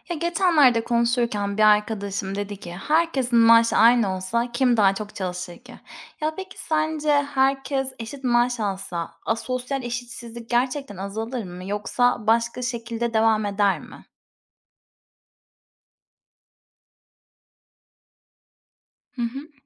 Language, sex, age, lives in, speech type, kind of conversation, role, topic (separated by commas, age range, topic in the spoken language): Turkish, female, 30-34, Spain, read, expert-assistant, asker, Eğer herkes eşit maaş alsaydı, toplumda sosyal eşitsizlik nasıl bir değişim geçirirdi?